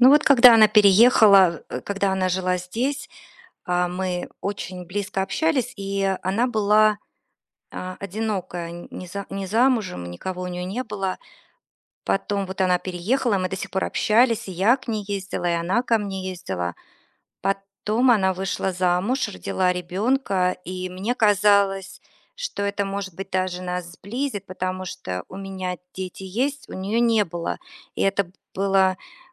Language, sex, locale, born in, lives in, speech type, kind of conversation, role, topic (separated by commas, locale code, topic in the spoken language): Russian, female, ru-RU, Russia, United States, conversation, advice, user, Как справиться с тем, что друг отдалился после больших жизненных изменений?